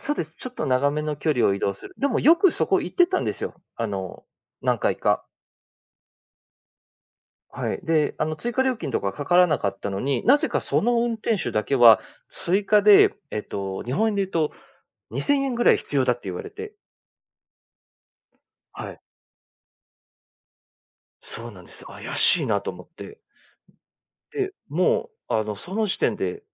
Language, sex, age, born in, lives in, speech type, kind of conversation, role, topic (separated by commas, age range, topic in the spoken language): Japanese, male, 30-34, Japan, Japan, conversation, podcast, guest, 旅先でどんなハプニングに遭いましたか？